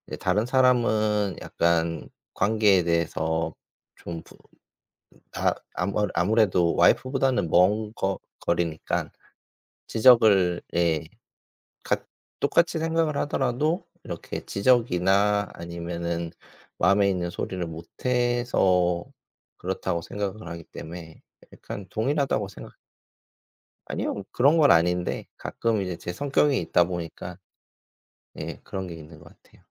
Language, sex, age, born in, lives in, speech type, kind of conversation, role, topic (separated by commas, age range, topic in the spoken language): Korean, male, 40-44, South Korea, South Korea, conversation, unstructured, none, 긍정적인 생각을 계속 유지하려면 어떻게 해야 할까요?